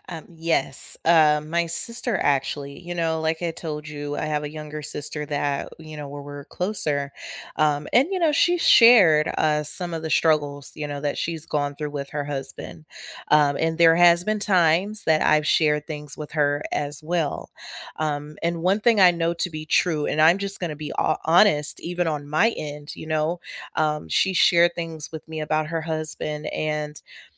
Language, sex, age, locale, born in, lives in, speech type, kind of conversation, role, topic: English, female, 30-34, en-US, United States, United States, conversation, unstructured, none, Should you be completely open about how you have really been feeling lately?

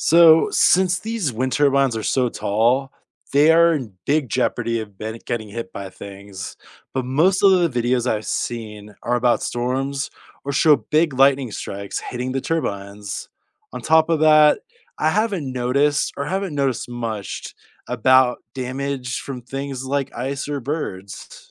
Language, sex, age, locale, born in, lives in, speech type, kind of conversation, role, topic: English, male, 30-34, en-US, United States, United States, read, expert-assistant, asker, How do wind turbines generate electricity?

